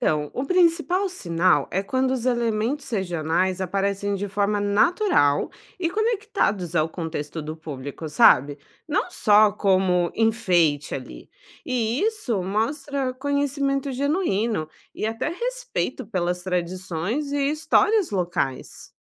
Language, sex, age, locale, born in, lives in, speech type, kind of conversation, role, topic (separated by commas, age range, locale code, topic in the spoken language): Portuguese, female, 30-34, pt-BR, Brazil, Portugal, read, expert-assistant, expert, Que alternativas criativas poderiam chamar mais atenção dos clientes brasileiros na hora de divulgar um produto?